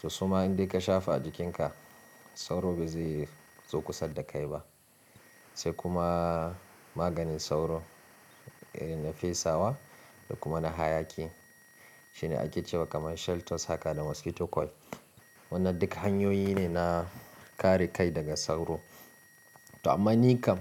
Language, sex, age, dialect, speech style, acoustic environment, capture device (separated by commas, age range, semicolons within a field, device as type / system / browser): Hausa, male, 25-34, Nigeria - Kano, relaxed; focused, silence; nature, mobile / Linux / Chrome